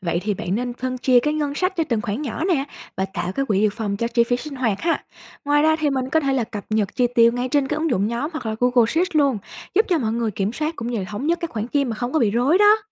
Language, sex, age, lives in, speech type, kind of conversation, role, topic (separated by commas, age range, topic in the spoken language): Vietnamese, female, 25-29, Vietnam, read, expert-assistant, expert, Làm thế nào để xây dựng một kế hoạch du lịch hiệu quả mà vẫn linh hoạt khi thay đổi lịch trình?